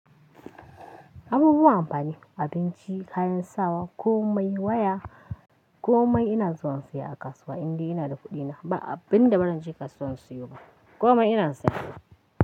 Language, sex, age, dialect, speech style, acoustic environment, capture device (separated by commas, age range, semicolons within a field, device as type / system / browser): Hausa, female, 25-34, Nigeria - Kano, happy, nature, mobile / Linux / Chrome